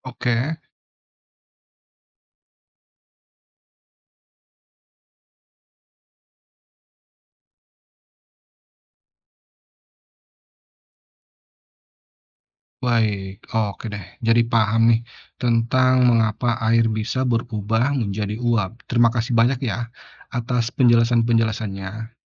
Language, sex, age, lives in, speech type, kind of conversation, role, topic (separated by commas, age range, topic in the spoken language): Indonesian, male, 35-39, Indonesia, read, expert-assistant, asker, Mengapa air bisa berubah menjadi uap